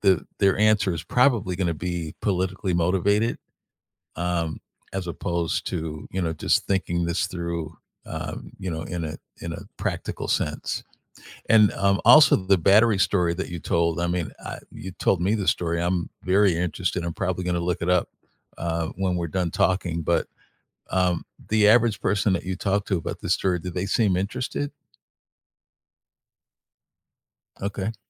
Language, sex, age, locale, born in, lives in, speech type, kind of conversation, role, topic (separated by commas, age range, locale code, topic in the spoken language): English, male, 65-69, en-US, United States, United States, conversation, unstructured, none, What recent news story caught your attention?